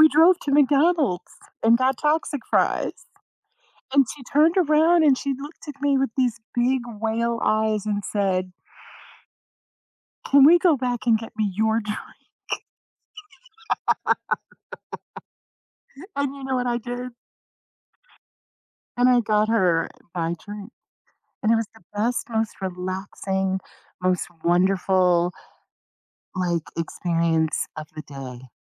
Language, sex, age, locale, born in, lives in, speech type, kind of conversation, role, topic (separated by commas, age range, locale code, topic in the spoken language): English, female, 55-59, en-US, United States, United States, conversation, unstructured, none, What is your favorite way to relax after work or school?